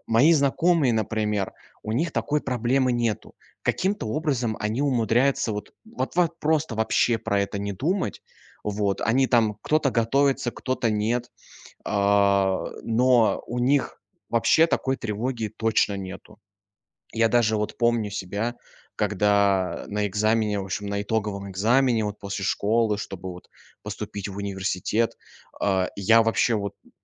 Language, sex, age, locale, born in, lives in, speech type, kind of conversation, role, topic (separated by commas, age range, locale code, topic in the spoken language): Russian, male, 20-24, ru-RU, Russia, Germany, conversation, advice, user, Как справиться с тревогой и сомнениями перед важным экзаменом или сертификацией?